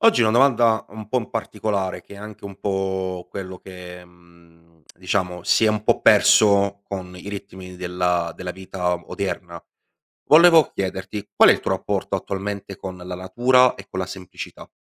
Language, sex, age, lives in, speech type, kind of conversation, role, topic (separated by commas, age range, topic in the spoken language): Italian, male, 25-29, Italy, conversation, podcast, host, Com’è il tuo rapporto con la natura e con la semplicità?